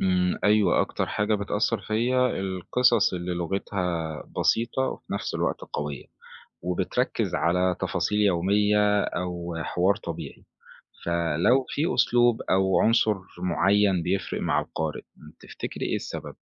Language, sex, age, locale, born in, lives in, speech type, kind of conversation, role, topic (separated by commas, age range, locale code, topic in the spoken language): Arabic, male, 20-24, ar-EG, Egypt, Egypt, read, expert-assistant, asker, لو عايز أكتب قصة قصيرة، إيه أهم حاجة أركز عليها الأول: الفكرة ولا الشخصيات ولا الأسلوب؟